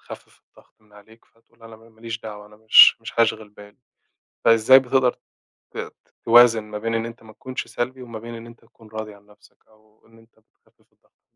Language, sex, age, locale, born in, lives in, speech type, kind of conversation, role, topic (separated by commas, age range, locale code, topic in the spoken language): Arabic, male, 25-29, ar-EG, Egypt, Egypt, conversation, podcast, host, إزاي بتعتني بصحتك النفسية كل يوم؟